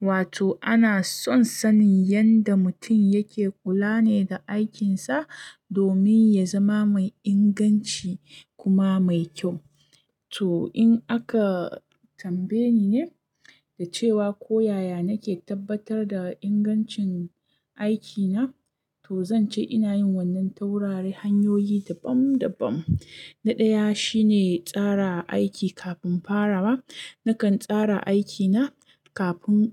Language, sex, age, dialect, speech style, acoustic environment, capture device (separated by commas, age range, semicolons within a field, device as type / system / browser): Hausa, female, 25-34, Nigeria - Kano, focused, silence, mobile / Linux / Chrome